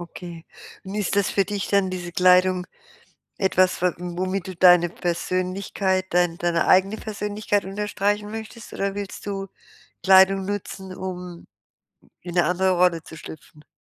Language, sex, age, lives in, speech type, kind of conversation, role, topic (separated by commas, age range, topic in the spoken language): German, female, 60-64, Germany, conversation, advice, advisor, Wie finde ich einen Kleidungsstil, in dem ich mich wohlfühle?